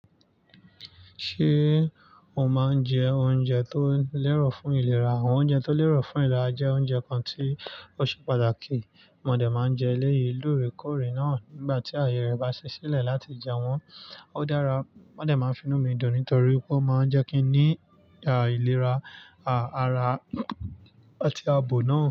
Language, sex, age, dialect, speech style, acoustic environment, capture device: Yoruba, male, 25-34, Nigeria - Nigerian Standard, relaxed, nature, mobile / Linux / Chrome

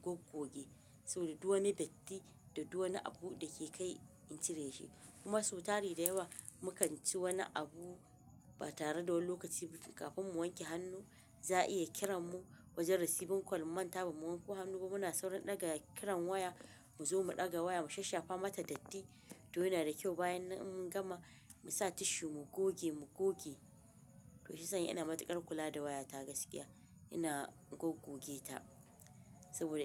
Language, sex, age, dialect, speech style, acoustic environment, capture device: Hausa, female, 25-34, Nigeria - Kano, relaxed, nature, mobile / Linux / Chrome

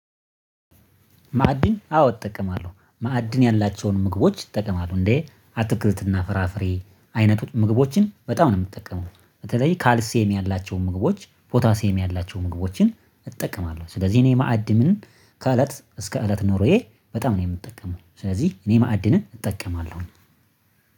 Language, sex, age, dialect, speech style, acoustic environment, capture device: Amharic, male, 25-34, Ethiopia - Addis Ababa, happy, silence; nature, mobile / Linux / Chrome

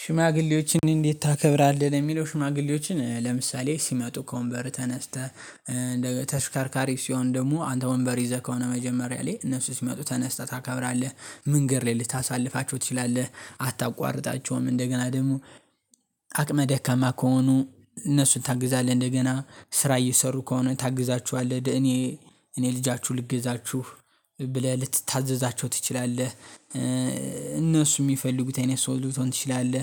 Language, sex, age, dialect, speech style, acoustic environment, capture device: Amharic, male, 18-24, Ethiopia - Gondar, happy; focused, nature, mobile / Linux / Chrome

